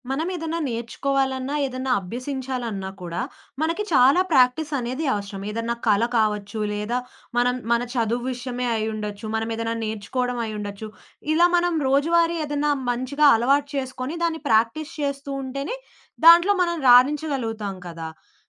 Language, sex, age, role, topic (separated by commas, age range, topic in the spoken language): Telugu, female, 20-24, host, మీరు అభ్యాసానికి రోజువారీ అలవాట్లను ఎలా ఏర్పరచుకుంటారు?